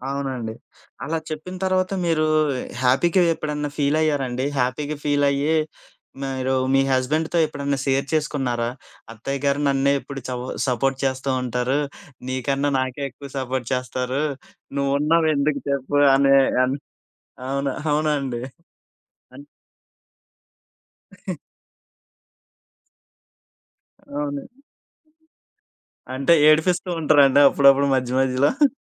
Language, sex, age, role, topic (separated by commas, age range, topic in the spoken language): Telugu, male, 25-29, host, రోజుకు ఒక గంట ఖాళీ సమయం దొరికితే మీరు ఏమి చేస్తారు?